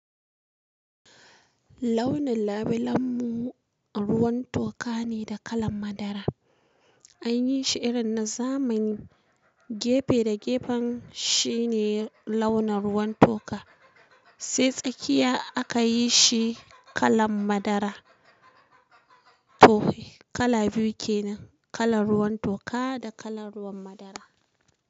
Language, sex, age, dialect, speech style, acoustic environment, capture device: Hausa, female, 25-34, Nigeria - Kano, relaxed, silence, mobile / Linux / Chrome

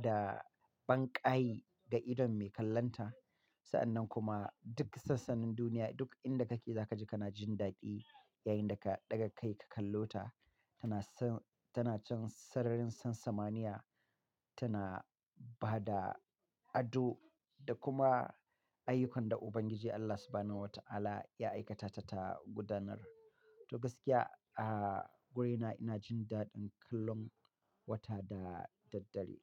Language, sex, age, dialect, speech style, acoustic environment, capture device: Hausa, male, 35-44, Nigeria - Katsina, happy; relaxed; excited; focused, silence, mobile / Linux / Chrome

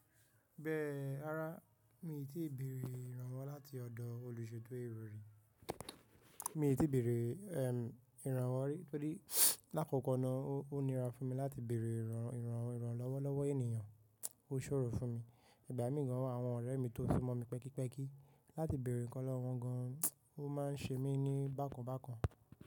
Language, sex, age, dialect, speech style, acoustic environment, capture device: Yoruba, male, 18-24, Nigeria - Nigerian Standard, tired, nature, mobile / macOS / Safari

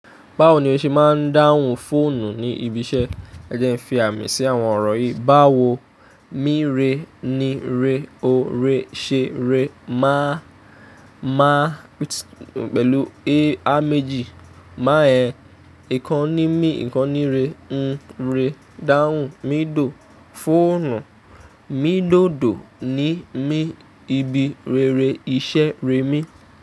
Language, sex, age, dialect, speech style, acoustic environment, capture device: Yoruba, male, 25-34, Nigeria - Ijebu, happy; excited, nature; appliances, mobile / Linux / Chrome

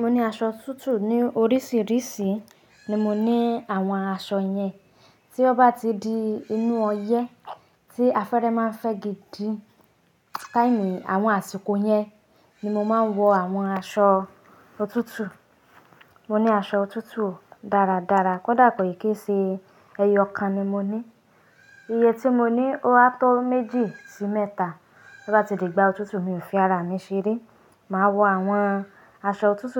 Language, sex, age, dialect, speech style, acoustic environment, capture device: Yoruba, female, 25-34, Nigeria - Nigerian Standard, happy, silence, mobile / Linux / Chrome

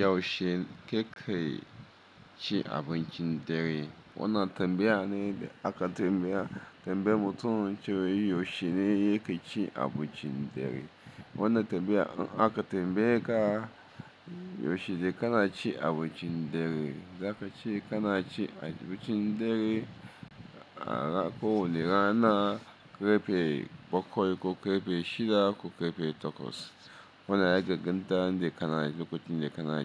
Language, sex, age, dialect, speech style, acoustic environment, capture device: Hausa, male, 35-44, Nigeria - Lagos Yoruba, focused, appliances, mobile / Linux / Chrome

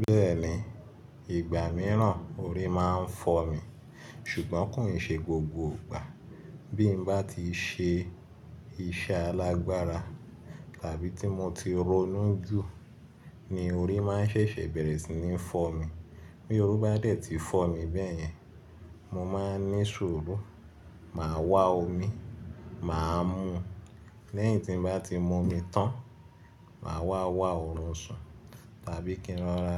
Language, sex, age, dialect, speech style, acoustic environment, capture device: Yoruba, male, 25-34, Nigeria - Lagos Yoruba, relaxed, silence, mobile / Linux / Chrome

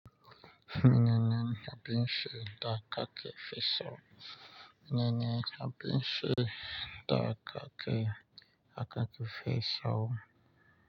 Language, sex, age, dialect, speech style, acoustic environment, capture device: Hausa, male, 25-34, Nigeria - Nigerian Standard, relaxed, nature, mobile / Linux / Chrome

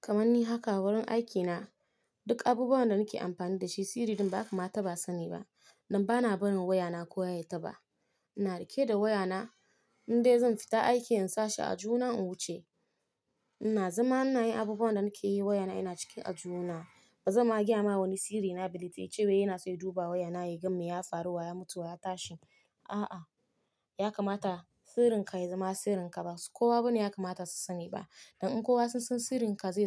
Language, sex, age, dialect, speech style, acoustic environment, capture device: Hausa, female, 25-34, Nigeria - Nigerian Standard, focused, silence, mobile / Linux / Chrome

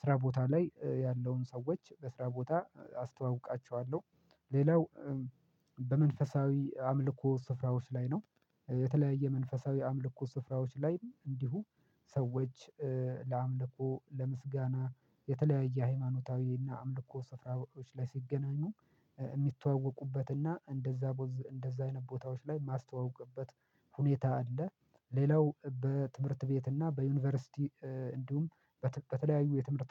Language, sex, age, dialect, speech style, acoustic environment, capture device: Amharic, male, 25-34, Ethiopia - Addis Ababa, happy; relaxed; excited; focused, silence, mobile / Linux / Chrome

